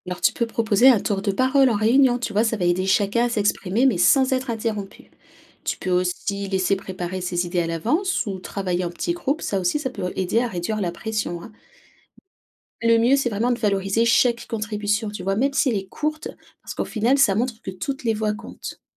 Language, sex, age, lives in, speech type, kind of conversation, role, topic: French, female, 30-34, France, read, expert-assistant, expert, Comment apprendre à mieux s’adapter quand on découvre une nouvelle culture ?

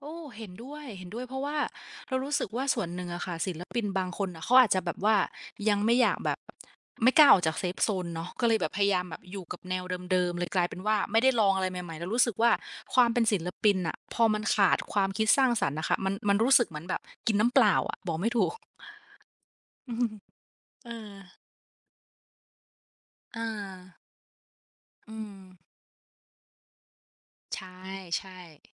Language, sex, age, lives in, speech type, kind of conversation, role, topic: Thai, female, 25-29, Thailand, conversation, unstructured, none, ทำไมบางคนถึงโกรธเมื่อศิลปินเปลี่ยนแนวเพลง?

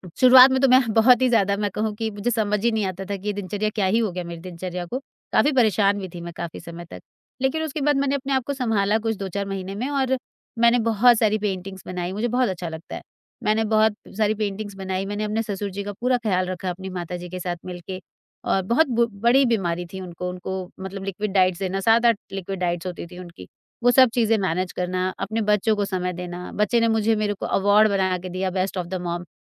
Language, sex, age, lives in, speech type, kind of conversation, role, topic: Hindi, female, 40-44, India, conversation, advice, user, सफलता के बावजूद अंदर का खालीपन महसूस करना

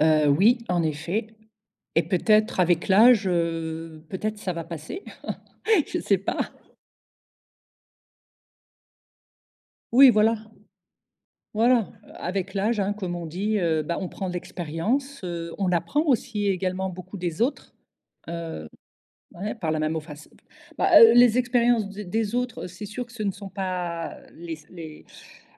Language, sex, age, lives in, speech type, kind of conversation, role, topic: French, female, 55-59, Portugal, conversation, podcast, host, Comment gères-tu la procrastination quand il faut travailler ?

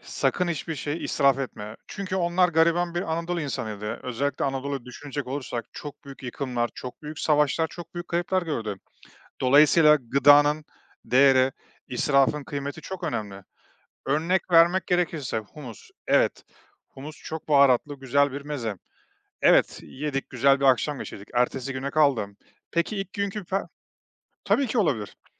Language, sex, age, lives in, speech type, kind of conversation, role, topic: Turkish, male, 35-39, Estonia, conversation, podcast, guest, Yemek artıklarını değerlendirmek için neler yapıyorsun?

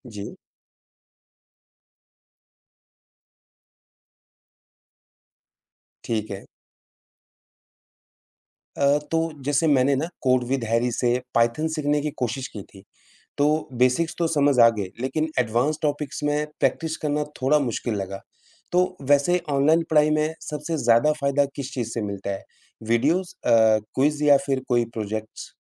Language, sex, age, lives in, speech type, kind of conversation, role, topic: Hindi, male, 35-39, India, read, expert-assistant, asker, सीखने के बारे में नई-नई बातें जानने के लिए कौन-कौन सी वेबसाइट या चैनल सबसे मज़ेदार और भरोसेमंद हैं?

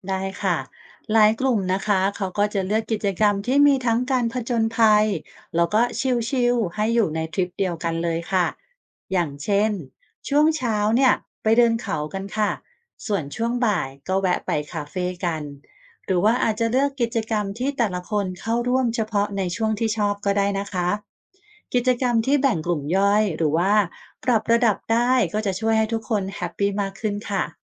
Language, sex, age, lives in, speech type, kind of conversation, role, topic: Thai, female, 45-49, Thailand, read, expert-assistant, expert, ถ้าการวางแผนทริปคือการต่อจิ๊กซอว์ คุณคิดว่าชิ้นส่วนไหนสำคัญสุด และทำไม?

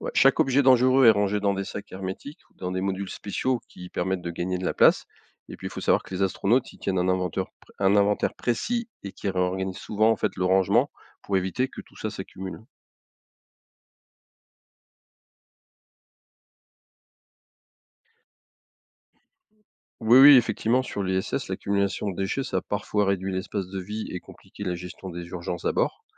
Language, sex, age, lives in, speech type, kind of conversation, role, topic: French, male, 55-59, France, read, expert-assistant, expert, Comment les astronautes utilisent-ils des objets du quotidien pour s’adapter dans l’espace ?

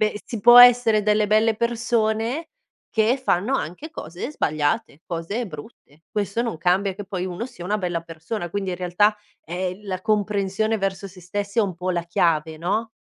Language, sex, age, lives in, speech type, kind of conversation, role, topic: Italian, female, 30-34, Italy, conversation, podcast, host, Qual è un piccolo rituale quotidiano che cambia la tua giornata?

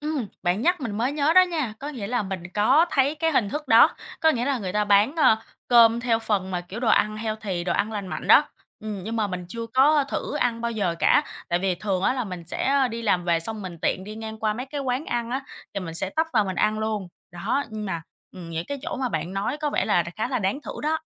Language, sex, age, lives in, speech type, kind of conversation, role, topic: Vietnamese, female, 25-29, Vietnam, conversation, advice, user, Làm sao để bạn ăn uống lành mạnh hơn khi thường xuyên phải ăn đồ ăn nhanh vì không có thời gian nấu nướng?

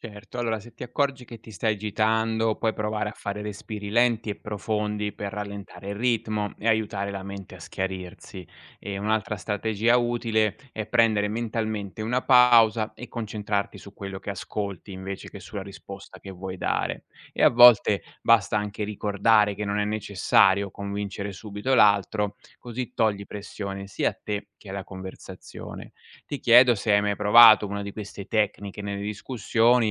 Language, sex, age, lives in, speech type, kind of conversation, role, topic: Italian, male, 40-44, Italy, read, expert-assistant, expert, Quali sono i modi per sviluppare il pensiero critico?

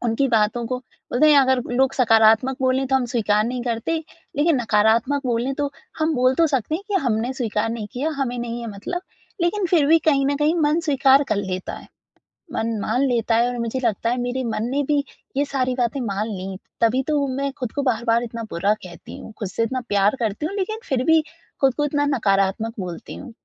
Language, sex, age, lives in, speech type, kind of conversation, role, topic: Hindi, female, 20-24, India, conversation, advice, user, मैं असफलता को व्यक्तिगत विफलता के रूप में कैसे न लूँ?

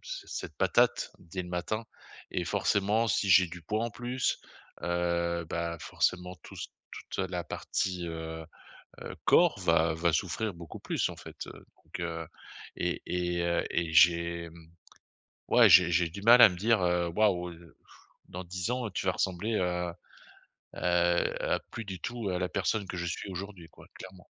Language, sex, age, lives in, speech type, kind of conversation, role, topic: French, male, 50-54, France, conversation, advice, user, Comment mes petites décisions quotidiennes influenceront-elles ma vie dans 5, 10 ou 20 ans ?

